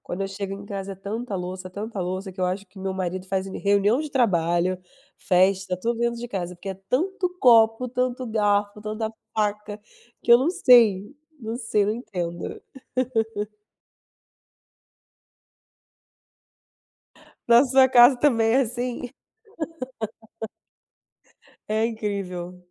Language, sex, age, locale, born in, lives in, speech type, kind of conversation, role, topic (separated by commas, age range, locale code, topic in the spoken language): Portuguese, female, 30-34, pt-BR, Brazil, Germany, conversation, unstructured, none, Como você incorpora exercícios físicos na sua rotina?